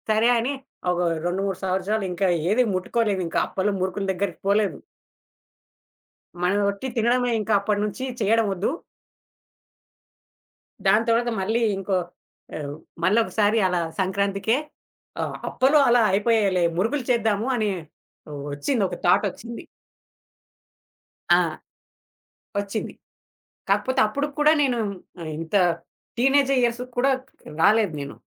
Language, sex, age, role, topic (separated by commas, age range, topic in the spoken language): Telugu, male, 20-24, guest, పండుగ వంటలను శ్రద్ధపూర్వకంగా ఎలా సిద్ధం చేస్తారు?